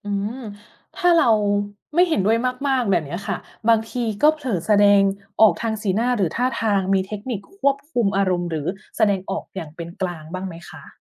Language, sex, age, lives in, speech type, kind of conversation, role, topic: Thai, female, 40-44, Thailand, read, expert-assistant, asker, เวลาคุยกับคนต่างวัยหรือคนต่างถิ่น ทำไมบางครั้งเรารู้สึกสื่อสารไม่ตรงใจ และมีวิธีสังเกตหรือปรับตัวอย่างไรบ้าง?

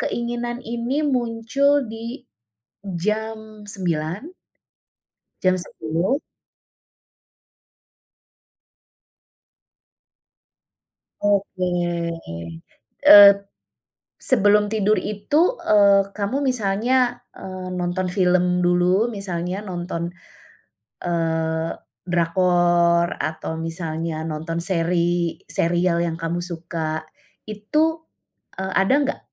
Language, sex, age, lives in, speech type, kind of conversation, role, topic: Indonesian, female, 45-49, Indonesia, conversation, advice, advisor, Apa yang membuat kamu sangat ingin ngemil makanan manis setiap malam?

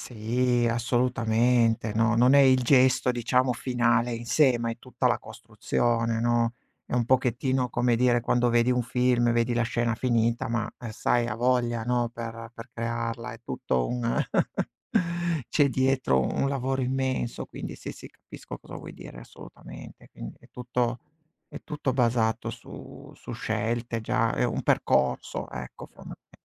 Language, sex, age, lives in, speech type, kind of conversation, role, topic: Italian, male, 40-44, Italy, conversation, unstructured, none, Cosa faresti se potessi rivivere un giorno della tua vita?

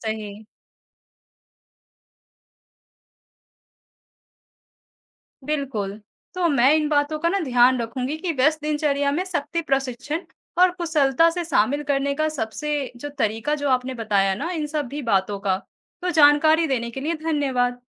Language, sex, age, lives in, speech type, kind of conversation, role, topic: Hindi, female, 20-24, India, read, expert-assistant, asker, व्यस्त दिनचर्या में शक्ति प्रशिक्षण (strength training) को कुशलता से शामिल करने के सबसे अच्छे तरीके क्या हैं?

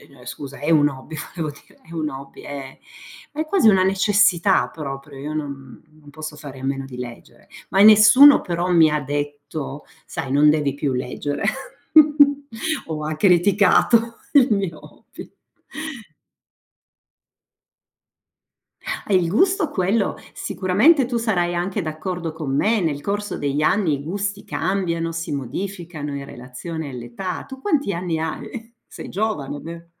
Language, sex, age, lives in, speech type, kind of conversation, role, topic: Italian, female, 50-54, United States, conversation, unstructured, none, Come reagisci quando qualcuno critica il modo in cui pratichi un hobby?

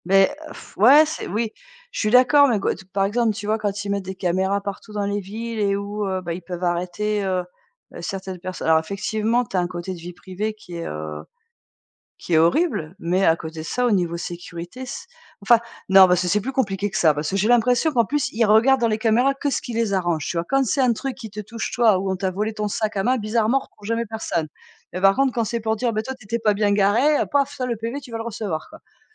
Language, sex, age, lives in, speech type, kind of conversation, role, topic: French, female, 45-49, France, conversation, unstructured, none, Comment voyez-vous l’avenir des objets connectés dans votre maison ?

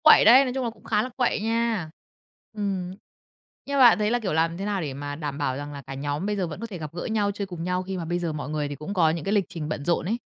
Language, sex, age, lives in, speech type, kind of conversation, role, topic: Vietnamese, female, 30-34, Vietnam, conversation, podcast, host, Bạn và nhóm bạn thường chơi trò gì vào cuối tuần?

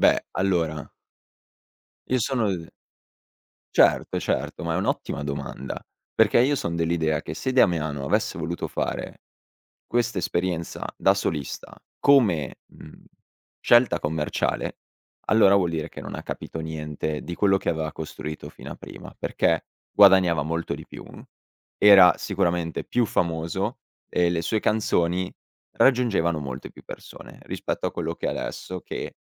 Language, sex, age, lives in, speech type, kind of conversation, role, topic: Italian, male, 18-19, Italy, conversation, podcast, guest, Quale artista mi consiglieresti di ascoltare subito?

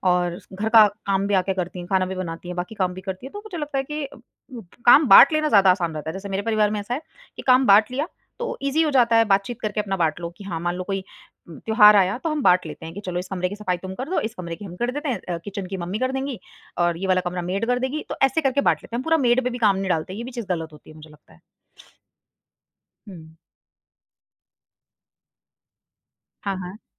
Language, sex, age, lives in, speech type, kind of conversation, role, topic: Hindi, female, 25-29, India, conversation, podcast, guest, Tumhare yahan ghar ke kaam baantne ka system kaisa hai?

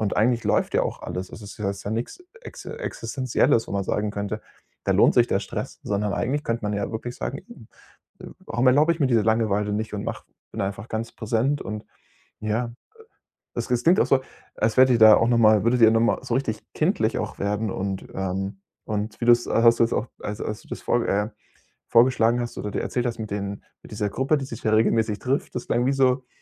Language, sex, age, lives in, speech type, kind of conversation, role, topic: German, male, 25-29, Germany, conversation, podcast, host, Wie verbindest du Kreativität und Entspannung?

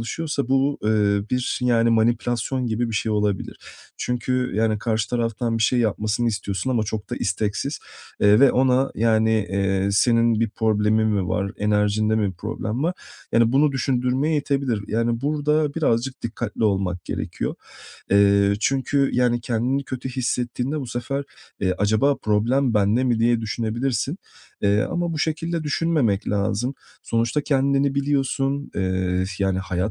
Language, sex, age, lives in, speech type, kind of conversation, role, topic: Turkish, male, 30-34, Portugal, conversation, advice, advisor, Özsaygımı ve kimliğimi nasıl yeniden inşa edebilirim ve nereden başlamalıyım?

